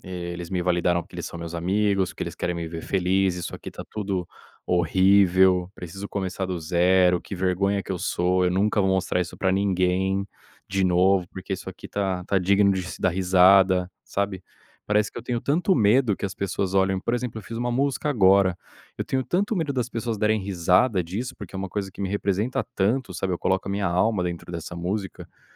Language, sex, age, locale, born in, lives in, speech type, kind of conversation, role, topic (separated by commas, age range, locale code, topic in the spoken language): Portuguese, male, 30-34, pt-BR, Brazil, Spain, conversation, advice, user, Como a autocrítica excessiva impede você de tentar coisas novas?